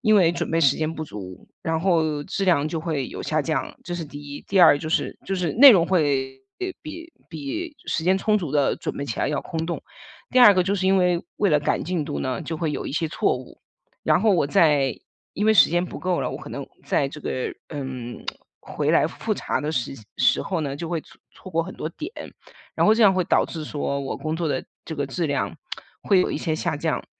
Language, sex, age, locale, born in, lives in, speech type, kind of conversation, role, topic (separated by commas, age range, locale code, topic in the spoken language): Chinese, female, 40-44, zh-CN, China, United States, conversation, advice, user, 我总是在开始复杂任务时拖延，怎样才能准备好并专注工作？